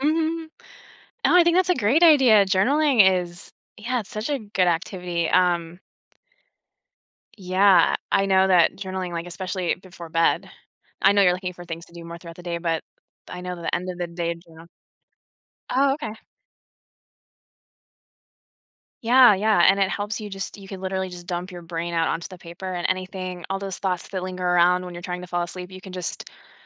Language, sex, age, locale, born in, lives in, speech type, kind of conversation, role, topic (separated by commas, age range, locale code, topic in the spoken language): English, female, 40-44, en-US, United States, United States, conversation, advice, advisor, How can I manage my daily responsibilities without feeling overwhelmed?